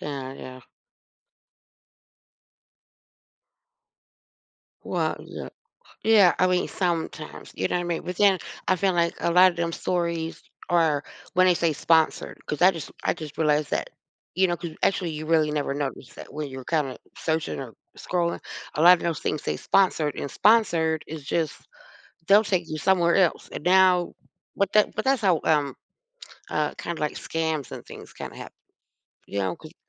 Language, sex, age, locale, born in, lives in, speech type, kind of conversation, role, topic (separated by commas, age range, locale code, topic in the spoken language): English, female, 45-49, en-US, United States, United States, conversation, unstructured, none, How can we recognize and change habits that make us less productive?